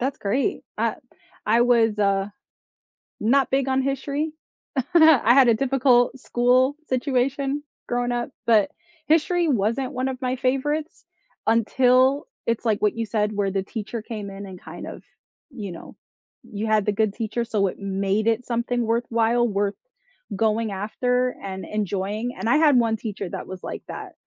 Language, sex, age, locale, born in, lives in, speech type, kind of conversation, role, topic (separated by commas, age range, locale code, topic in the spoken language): English, female, 35-39, en-US, United States, United States, conversation, unstructured, none, What was your favorite subject in school, and why?